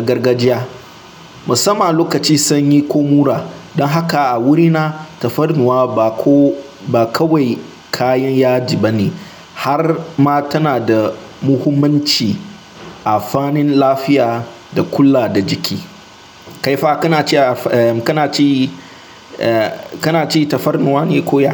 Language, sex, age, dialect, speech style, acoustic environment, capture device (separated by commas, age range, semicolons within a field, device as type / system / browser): Hausa, male, 18-24, Nigeria - Nigerian Standard, anxious; nervous, silence, mobile / Linux / Chrome